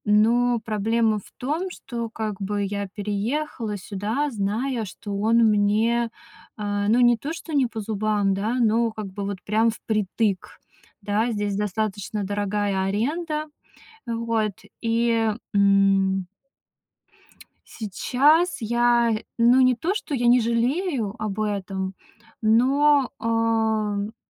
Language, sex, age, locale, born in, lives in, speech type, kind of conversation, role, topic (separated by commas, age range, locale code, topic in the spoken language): Russian, female, 30-34, ru-RU, Russia, Estonia, conversation, advice, user, Как рост расходов на проживание создаёт для вас финансовое давление?